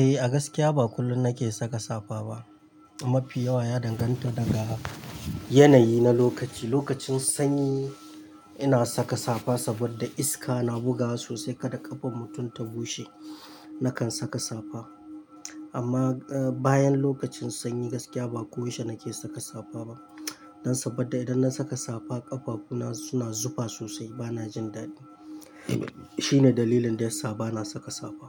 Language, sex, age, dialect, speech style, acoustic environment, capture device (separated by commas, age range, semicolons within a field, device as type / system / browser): Hausa, male, 25-34, Nigeria - Kano, excited; happy, nature, mobile / Linux / Chrome